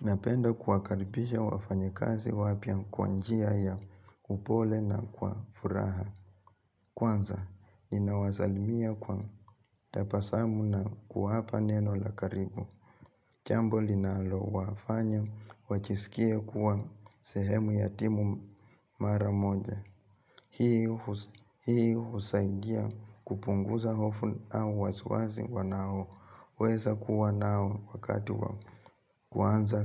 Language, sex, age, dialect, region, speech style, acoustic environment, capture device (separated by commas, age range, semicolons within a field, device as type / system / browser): Swahili, male, 25-34, Kenya - Nairobi Sheng-influenced, Kenya, relaxed, nature; appliances, mobile / Linux / Chrome